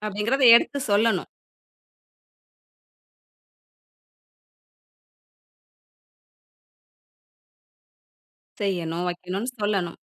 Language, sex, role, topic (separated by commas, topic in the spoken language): Tamil, female, host, இளைஞர்கள் தங்கள் ஊரை நேசிக்கும்படி நாம் என்ன செய்யலாம்?